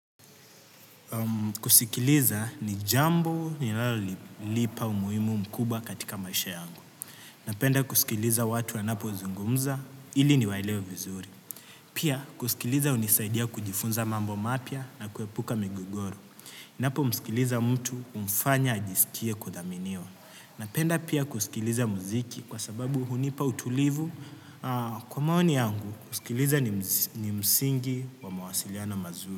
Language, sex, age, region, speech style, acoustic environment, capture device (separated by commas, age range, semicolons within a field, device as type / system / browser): Swahili, male, 18-24, Kenya, happy; relaxed; focused; excited, nature, desktop / Windows / Chrome